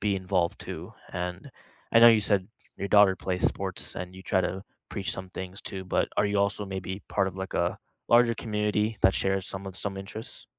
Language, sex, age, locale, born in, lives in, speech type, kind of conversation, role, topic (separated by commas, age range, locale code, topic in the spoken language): English, male, 25-29, en-US, India, United States, conversation, unstructured, none, How have music, art, or sports influenced your learning?